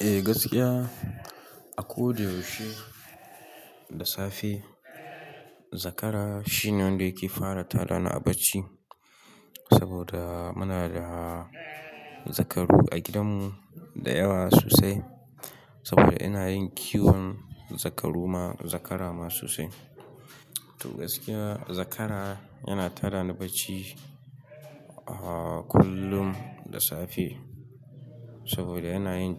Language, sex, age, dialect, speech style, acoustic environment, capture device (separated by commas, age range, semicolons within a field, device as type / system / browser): Hausa, male, 18-24, Nigeria - Katsina, happy, nature, mobile / Linux / Chrome